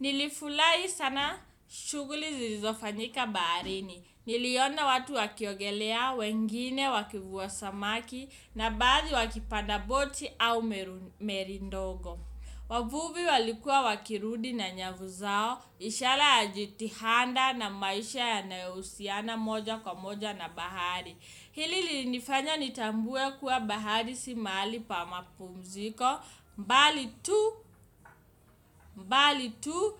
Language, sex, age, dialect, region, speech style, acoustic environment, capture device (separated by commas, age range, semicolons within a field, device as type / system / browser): Swahili, male, 25-34, Kenya - Nairobi Sheng-influenced, Kenya, focused, nature, desktop / Windows / Chrome